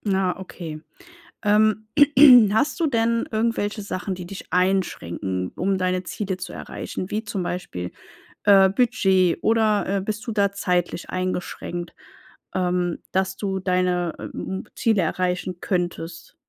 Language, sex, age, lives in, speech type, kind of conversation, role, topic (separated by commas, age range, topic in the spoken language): German, female, 35-39, Germany, conversation, advice, advisor, Wie baue ich ein gutes Team auf, führe es erfolgreich und skaliere es?